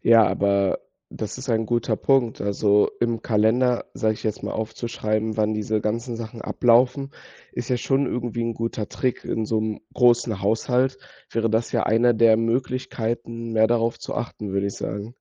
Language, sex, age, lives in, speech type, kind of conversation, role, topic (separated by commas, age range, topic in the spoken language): German, male, 18-19, Germany, conversation, podcast, host, Was bedeutet Umweltschutz für dich im Alltag?